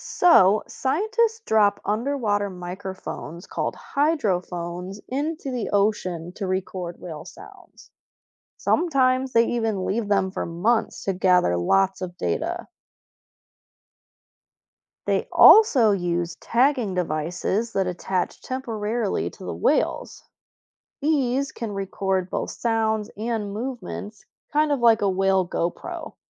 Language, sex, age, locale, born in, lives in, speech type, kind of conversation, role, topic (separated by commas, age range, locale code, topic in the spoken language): English, female, 25-29, en-US, United States, United States, read, expert-assistant, expert, How do whales communicate with each other?